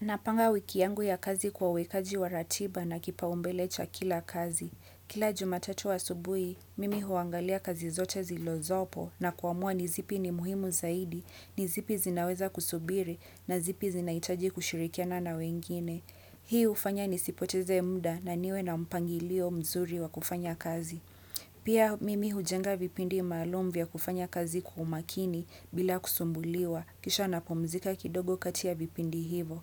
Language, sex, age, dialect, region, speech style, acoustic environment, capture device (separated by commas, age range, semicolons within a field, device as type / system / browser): Swahili, female, 25-34, Kenya - Mombasa, Kenya, happy, nature; people talking; construction; appliances; music; silence; traffic, desktop / Windows / Chrome